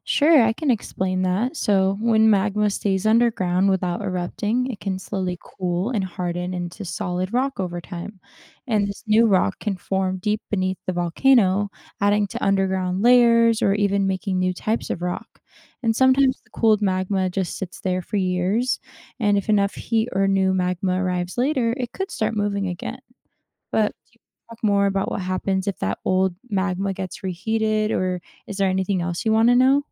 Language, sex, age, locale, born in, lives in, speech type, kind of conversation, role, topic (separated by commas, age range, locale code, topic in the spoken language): English, female, 20-24, en-US, United States, United States, read, expert-assistant, expert, What happens inside a volcano during an eruption?